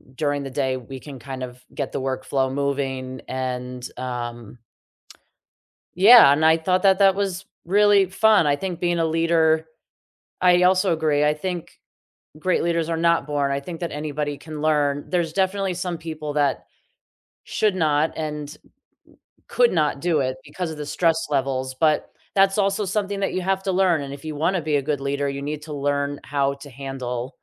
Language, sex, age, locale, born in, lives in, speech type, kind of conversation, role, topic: English, female, 40-44, en-US, United States, United States, conversation, unstructured, none, What do you think makes a good leader?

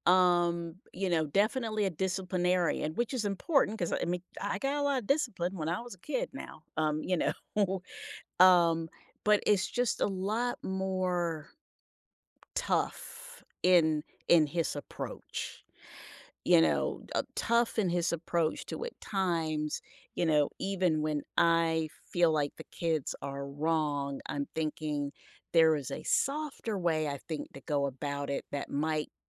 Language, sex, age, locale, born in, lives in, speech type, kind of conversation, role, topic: English, female, 55-59, en-US, United States, United States, conversation, podcast, guest, How do families build trust and emotional security for their members?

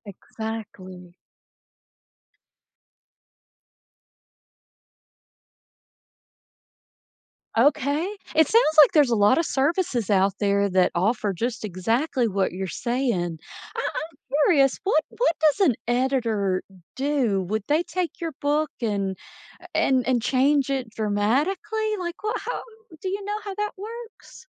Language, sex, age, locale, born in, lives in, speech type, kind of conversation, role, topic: English, female, 40-44, en-US, United States, United States, conversation, podcast, host, What habits or experiences help you stay inspired in your work?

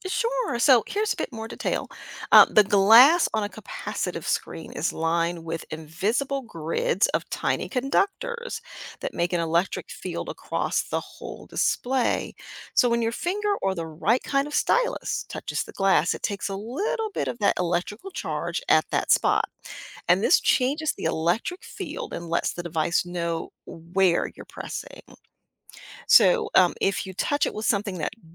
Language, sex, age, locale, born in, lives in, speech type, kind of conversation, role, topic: English, female, 55-59, en-US, United States, United States, read, expert-assistant, expert, How does a touch screen work?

